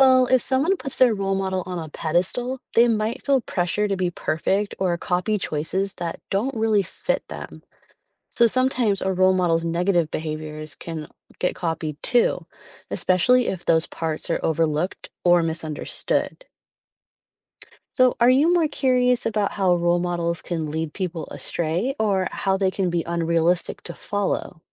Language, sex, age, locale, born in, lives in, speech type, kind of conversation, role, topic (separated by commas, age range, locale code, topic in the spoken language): English, female, 35-39, en-US, United States, United States, read, expert-assistant, expert, How do role models influence personal development?